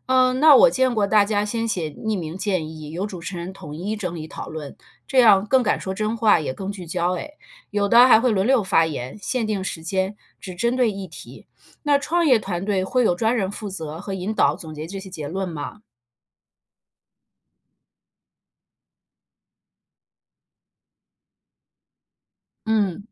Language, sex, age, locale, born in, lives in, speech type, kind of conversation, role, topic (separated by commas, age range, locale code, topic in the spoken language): Chinese, female, 40-44, zh-CN, China, United States, read, expert-assistant, asker, 想了解一家企业日常运营的幕后故事，可以去哪里找到真实的经验分享？